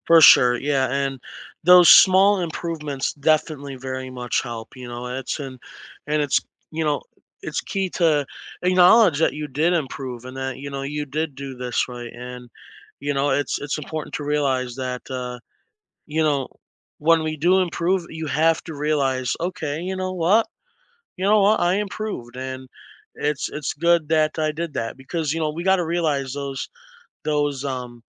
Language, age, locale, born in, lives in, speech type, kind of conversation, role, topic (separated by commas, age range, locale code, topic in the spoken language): English, 20-24, en-US, United States, United States, conversation, unstructured, none, What is a lesson you learned from a mistake you made?